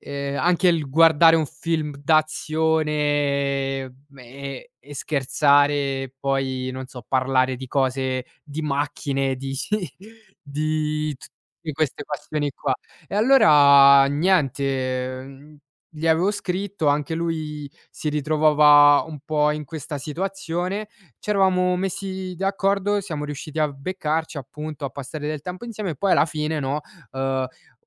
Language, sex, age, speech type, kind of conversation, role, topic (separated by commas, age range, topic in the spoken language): Italian, male, 20-24, conversation, podcast, guest, Cosa ti fa capire che desideri o hai bisogno di contatto umano?